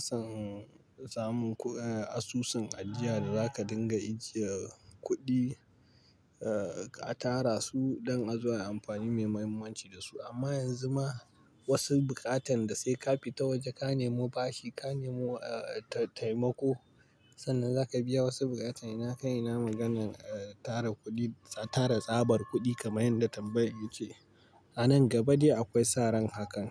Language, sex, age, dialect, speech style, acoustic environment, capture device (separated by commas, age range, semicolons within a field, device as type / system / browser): Hausa, male, 25-34, Nigeria - Pidgin-influenced, stressed, nature, mobile / Linux / Chrome